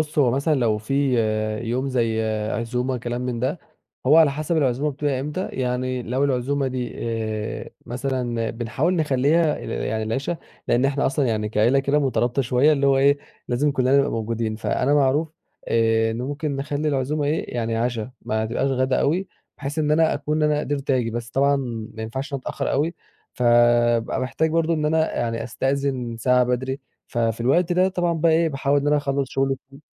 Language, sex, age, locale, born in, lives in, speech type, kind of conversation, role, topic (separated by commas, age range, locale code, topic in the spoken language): Arabic, male, 20-24, ar-EG, Egypt, Egypt, conversation, podcast, guest, إزاي بتوازن عادةً بين الشغل والعيلة؟